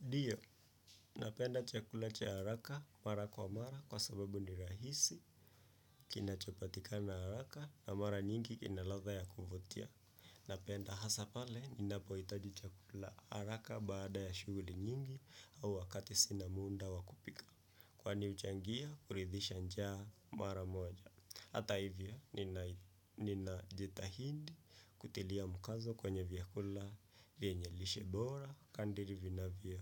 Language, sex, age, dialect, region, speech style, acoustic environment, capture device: Swahili, male, 25-34, Kenya - Nairobi Sheng-influenced, Kenya, focused, silence, desktop / Windows / Chrome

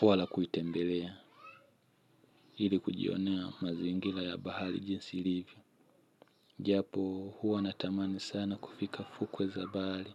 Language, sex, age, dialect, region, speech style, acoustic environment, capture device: Swahili, male, 25-34, Tanzania - Dar es Salaam, Tanzania, happy, people talking; music; nature, mobile / Linux / Chrome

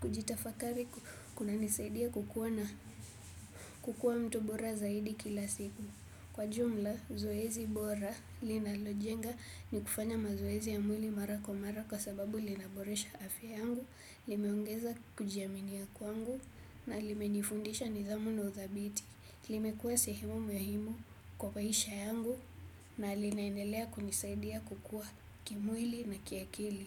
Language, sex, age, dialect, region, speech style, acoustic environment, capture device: Swahili, female, 18-24, Kenya - Nairobi Sheng-influenced, Kenya, relaxed, silence, desktop / Windows / Chrome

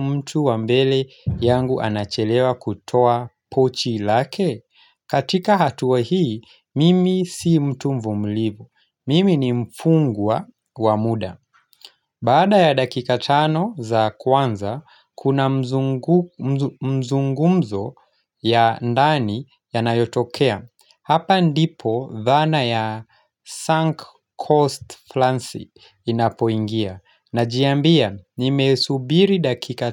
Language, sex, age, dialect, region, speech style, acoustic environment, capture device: Swahili, male, 25-34, Kenya - Nairobi Sheng-influenced, Kenya, relaxed, silence; nature, mobile / Linux / Chrome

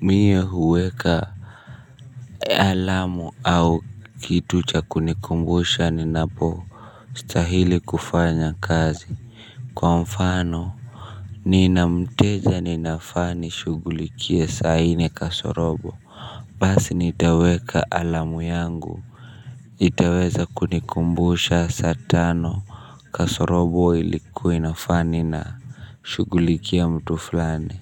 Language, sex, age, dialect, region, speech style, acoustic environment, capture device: Swahili, male, 25-34, Kenya - Nairobi Sheng-influenced, Kenya, nervous; stressed; tired, people talking, mobile / Linux / Chrome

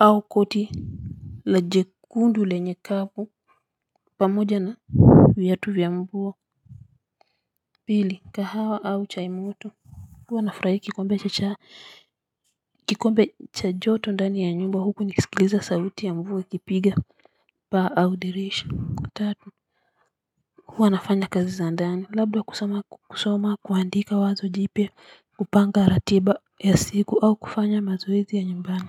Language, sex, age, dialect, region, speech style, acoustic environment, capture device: Swahili, female, 35-44, Kenya - Nairobi Sheng-influenced, Kenya, relaxed, construction, mobile / Linux / Chrome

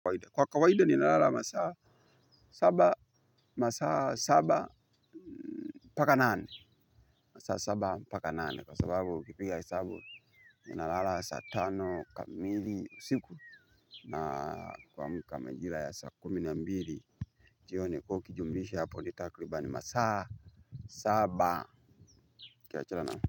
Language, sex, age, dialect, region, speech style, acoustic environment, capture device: Swahili, male, 25-34, Tanzania - Dar es Salaam, Tanzania, happy, nature; people talking, mobile / Linux / Chrome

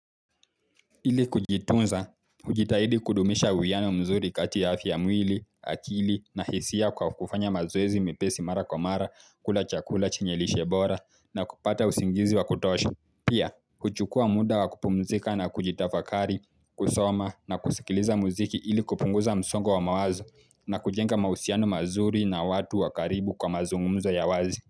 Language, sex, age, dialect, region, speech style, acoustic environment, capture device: Swahili, male, 18-24, Kenya - Mombasa, Kenya, focused, silence, mobile / Linux / Chrome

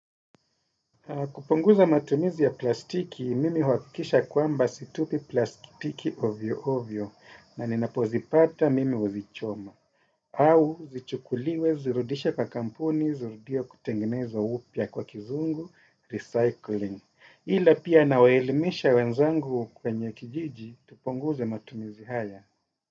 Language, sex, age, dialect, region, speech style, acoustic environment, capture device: Swahili, male, 35-44, Kenya - Mombasa, Kenya, happy; relaxed; focused, music; nature; silence, desktop / Windows / Edge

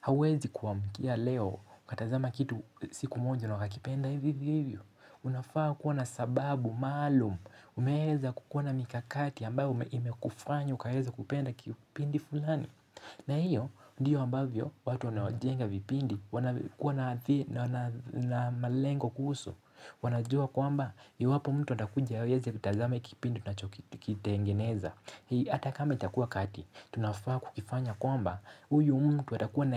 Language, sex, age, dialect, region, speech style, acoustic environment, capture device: Swahili, male, 18-24, Kenya - Nairobi Sheng-influenced, Kenya, relaxed; focused; excited, silence; nature, mobile / Linux / Chrome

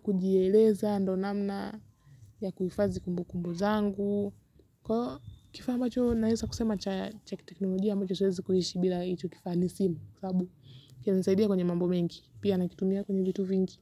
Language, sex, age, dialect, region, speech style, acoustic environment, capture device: Swahili, female, 18-24, Kenya - Nairobi Sheng-influenced, Kenya, relaxed, silence, mobile / Linux / Chrome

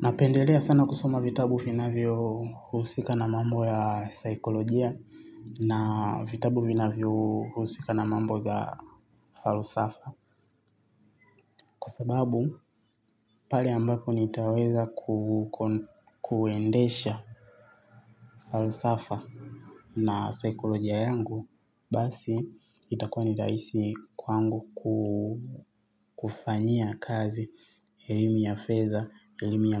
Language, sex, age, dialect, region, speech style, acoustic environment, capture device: Swahili, male, 25-34, Tanzania - Dar es Salaam, Tanzania, happy; excited; focused, traffic; silence, mobile / Linux / Chrome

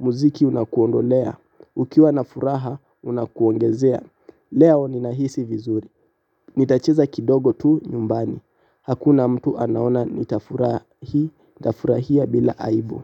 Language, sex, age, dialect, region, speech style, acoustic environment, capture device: Swahili, male, 18-24, Kenya - Mombasa, Kenya, relaxed, appliances, mobile / Linux / Chrome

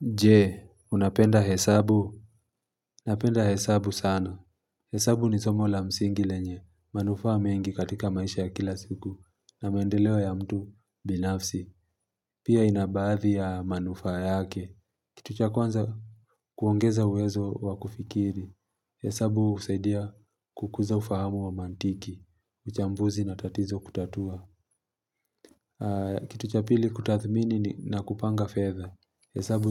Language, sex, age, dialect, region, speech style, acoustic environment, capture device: Swahili, male, 25-34, Kenya - Mombasa, Kenya, relaxed; focused, nature; silence, mobile / Linux / Chrome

